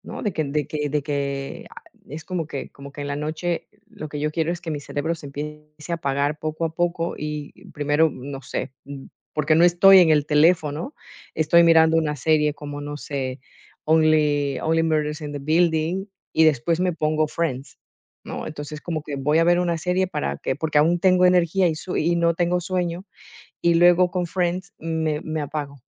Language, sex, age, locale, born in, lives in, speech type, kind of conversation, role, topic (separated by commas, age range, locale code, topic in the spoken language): Spanish, female, 40-44, es-EC, Ecuador, Netherlands, conversation, podcast, guest, ¿Qué haces para desconectarte del celular por la noche, de verdad?